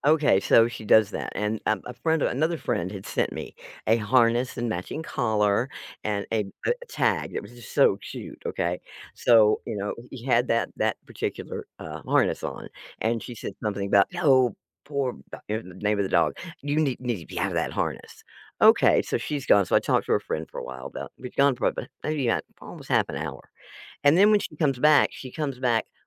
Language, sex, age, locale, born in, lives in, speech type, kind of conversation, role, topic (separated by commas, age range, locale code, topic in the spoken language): English, female, 65-69, en-US, United States, United States, conversation, advice, user, How can I manage work and family demands without burning out?